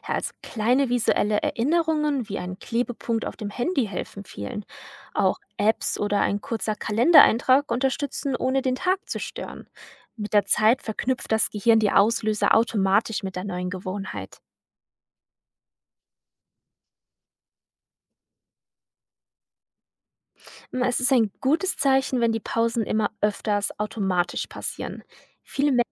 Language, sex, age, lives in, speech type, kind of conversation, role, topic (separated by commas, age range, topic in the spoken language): German, female, 20-24, Germany, read, expert-assistant, expert, Wie kann man Lampenfieber vor einer Radiosendung praktisch überwinden und locker ins Gespräch starten?